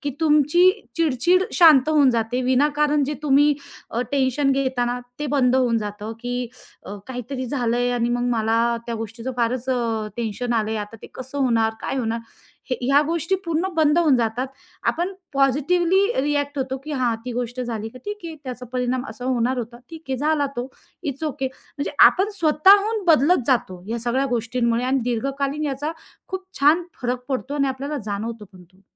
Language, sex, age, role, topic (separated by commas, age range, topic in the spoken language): Marathi, female, 30-34, guest, श्वासावर लक्ष केंद्रित करण्याची सोपी पद्धत तुम्ही कशी सांगाल?